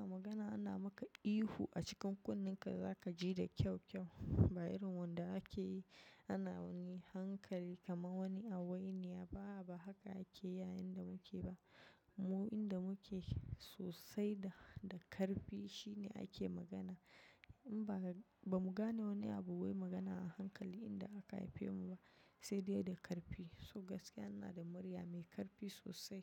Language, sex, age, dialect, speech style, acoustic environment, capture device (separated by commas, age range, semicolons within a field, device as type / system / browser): Hausa, female, 25-34, Nigeria - Nigerian Standard, relaxed; focused, nature, mobile / macOS / Safari